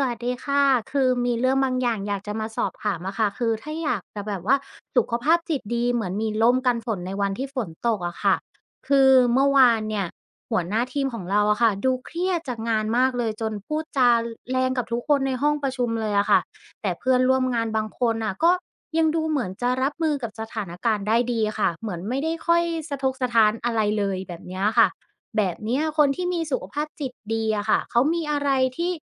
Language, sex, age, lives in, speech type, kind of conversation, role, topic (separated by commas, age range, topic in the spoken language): Thai, female, 25-29, Thailand, read, expert-assistant, asker, จะสร้างร่มทางใจเพื่อดูแลสุขภาพจิตในวันที่รู้สึกหนักใจได้อย่างไร?